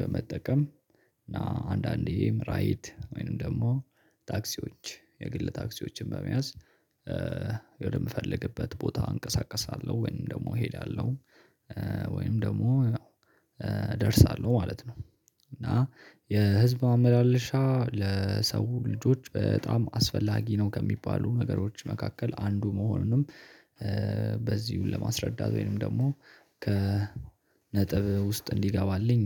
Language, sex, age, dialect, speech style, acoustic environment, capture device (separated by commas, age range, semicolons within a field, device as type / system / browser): Amharic, male, 18-24, Ethiopia - Addis Ababa, happy; relaxed, nature; silence; people talking; music; construction, mobile / Linux / Chrome